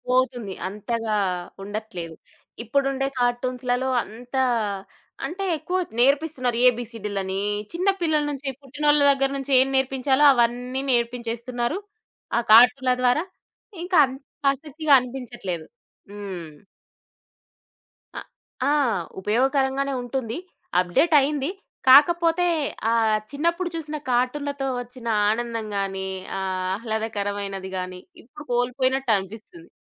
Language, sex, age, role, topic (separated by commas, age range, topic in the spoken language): Telugu, female, 25-29, guest, మీ బాల్యంలో మీకు అత్యంత ఇష్టమైన కార్టూన్ ఏది?